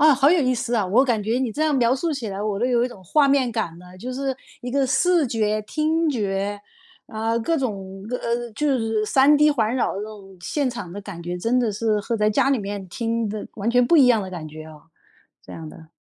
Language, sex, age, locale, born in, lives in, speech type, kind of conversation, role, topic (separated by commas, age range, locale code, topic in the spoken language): Chinese, female, 50-54, zh-CN, China, United States, conversation, podcast, host, 第一次去演唱会是什么感觉？